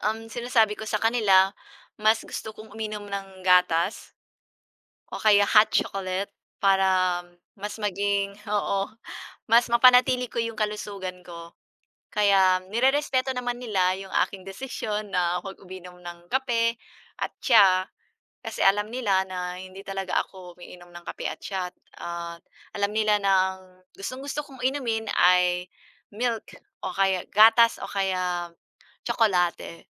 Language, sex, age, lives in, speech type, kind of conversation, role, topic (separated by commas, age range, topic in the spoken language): Filipino, female, 40-44, Philippines, conversation, unstructured, none, Sa pagitan ng kape at tsaa, alin ang pipiliin mo?